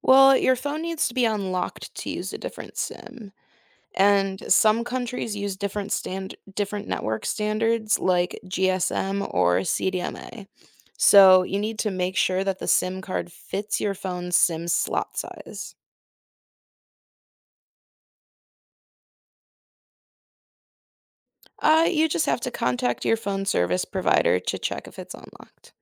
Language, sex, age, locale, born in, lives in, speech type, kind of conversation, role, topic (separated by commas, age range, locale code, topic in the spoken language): English, female, 20-24, en-US, United States, United States, read, expert-assistant, expert, How do I get local sim cards when traveling internationally?